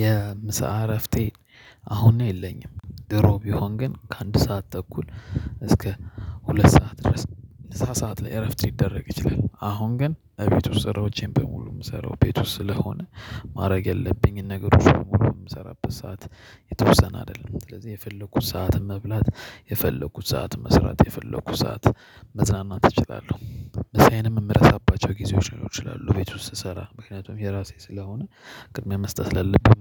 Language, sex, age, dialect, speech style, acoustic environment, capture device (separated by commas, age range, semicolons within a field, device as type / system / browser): Amharic, male, 25-34, Ethiopia - Addis Ababa, happy, people talking, mobile / Linux / Chrome